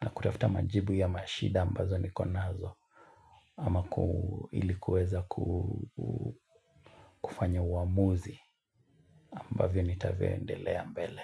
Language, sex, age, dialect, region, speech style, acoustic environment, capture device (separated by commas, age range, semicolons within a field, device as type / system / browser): Swahili, male, 25-34, Kenya - Mombasa, Kenya, tired, nature, mobile / Linux / Chrome